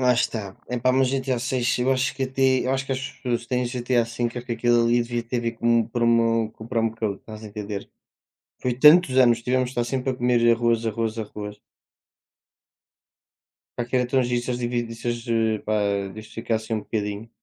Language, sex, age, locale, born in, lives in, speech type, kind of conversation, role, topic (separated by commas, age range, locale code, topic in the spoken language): Portuguese, male, 20-24, pt-PT, Portugal, Portugal, conversation, unstructured, none, Qual brincadeira da sua infância você gostaria de brincar de novo?